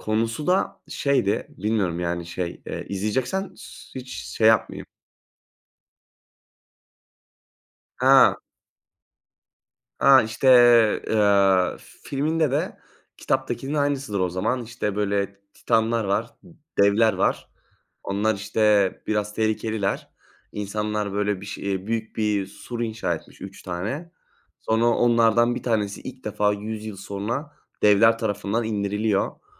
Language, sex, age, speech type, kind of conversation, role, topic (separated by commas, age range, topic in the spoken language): Turkish, male, 20-24, conversation, unstructured, none, Animasyon filmleri izlerken nelere dikkat edersiniz?